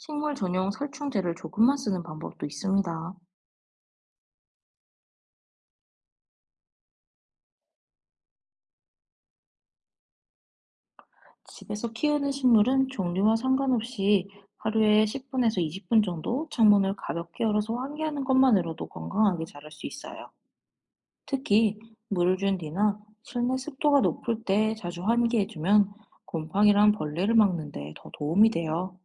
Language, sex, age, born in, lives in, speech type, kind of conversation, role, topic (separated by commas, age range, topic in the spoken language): Korean, female, 20-24, South Korea, Japan, read, expert-assistant, expert, 집에서 쉽게 키울 수 있는 식물을 추천해 주세요.